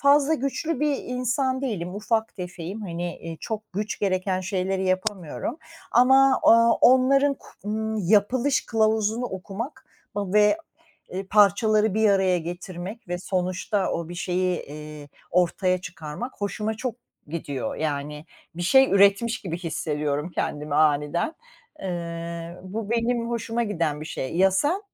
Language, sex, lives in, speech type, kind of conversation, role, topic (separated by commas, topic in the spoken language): Turkish, female, United States, conversation, unstructured, none, Öğrenmek istediğin ama öğrenmekten korktuğun bir ders var mı?